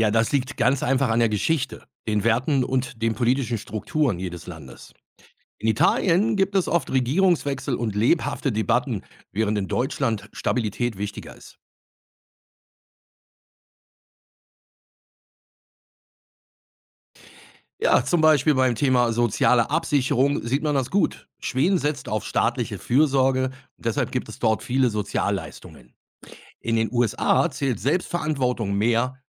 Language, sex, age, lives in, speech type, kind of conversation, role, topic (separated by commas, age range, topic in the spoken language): German, male, 50-54, Germany, read, expert-assistant, expert, Was sollte ich beachten, wenn ich wissen will, warum Politik in zwei Ländern so unterschiedlich läuft?